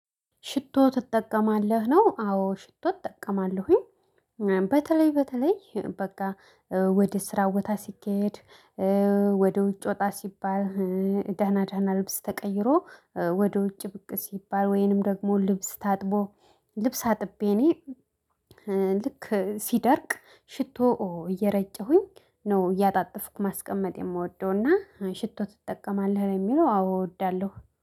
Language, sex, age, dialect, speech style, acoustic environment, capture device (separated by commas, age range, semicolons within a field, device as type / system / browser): Amharic, female, 25-34, Ethiopia - Addis Ababa, excited; happy, silence, mobile / Linux / Chrome